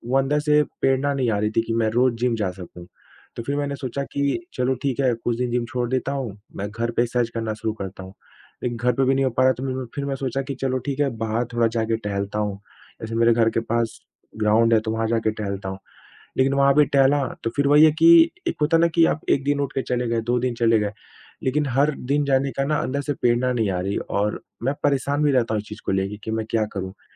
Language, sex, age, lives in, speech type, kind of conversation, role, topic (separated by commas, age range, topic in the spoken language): Hindi, male, 25-29, India, conversation, advice, user, नियमित व्यायाम जारी रखने के लिए प्रेरणा की कमी